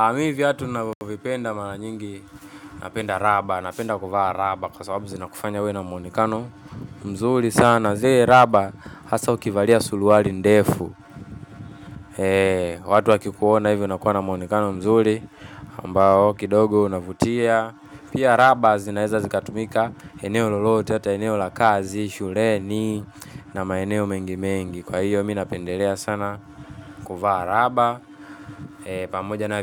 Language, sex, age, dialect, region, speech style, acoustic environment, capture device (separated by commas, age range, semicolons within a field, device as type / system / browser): Swahili, male, 18-24, Tanzania - Dar es Salaam, Tanzania, anxious; relaxed; focused, appliances; people talking, mobile / Linux / Chrome